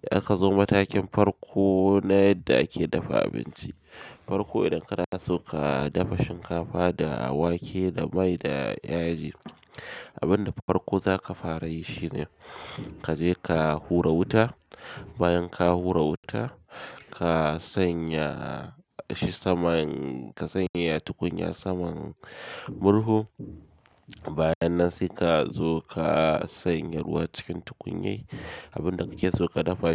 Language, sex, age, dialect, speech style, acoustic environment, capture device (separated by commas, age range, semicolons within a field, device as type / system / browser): Hausa, male, 25-34, Nigeria - Kano, excited, silence, mobile / Linux / Chrome